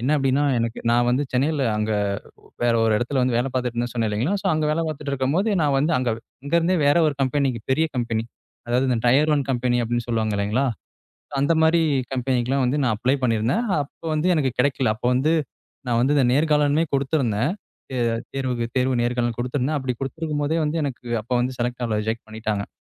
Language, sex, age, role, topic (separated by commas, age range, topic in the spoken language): Tamil, male, 25-29, guest, வாழ்க்கையில் உங்களுக்குப் ஒரே நாளிலேயே திடீரென பெரிய மாற்றத்தை ஏற்படுத்திய சம்பவம் பற்றிச் சொல்ல முடியுமா?